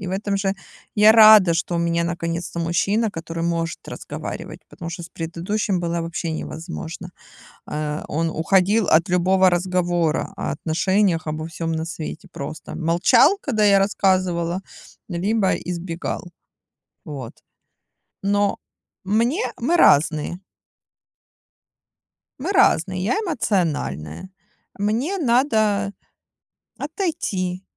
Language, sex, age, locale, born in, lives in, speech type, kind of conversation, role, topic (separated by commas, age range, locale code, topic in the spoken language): Russian, female, 40-44, ru-RU, Ukraine, Spain, conversation, unstructured, none, Как вы заботитесь о своём эмоциональном здоровье в отношениях?